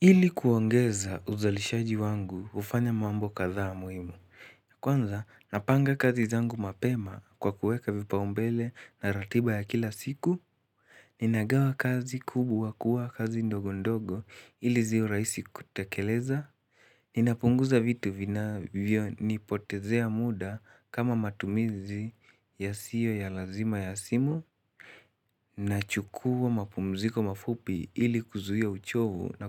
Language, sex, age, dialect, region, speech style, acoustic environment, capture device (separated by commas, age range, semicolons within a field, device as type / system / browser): Swahili, male, 18-24, Kenya - Nairobi Sheng-influenced, Kenya, excited, nature; people talking, mobile / Linux / Chrome